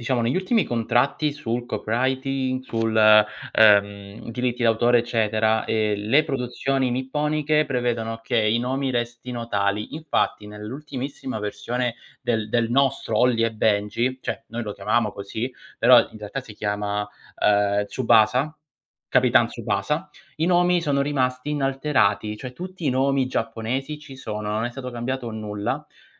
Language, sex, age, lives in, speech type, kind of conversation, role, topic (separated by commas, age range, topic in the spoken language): Italian, male, 30-34, Italy, conversation, unstructured, none, Cosa pensi della censura nei film e nelle serie TV?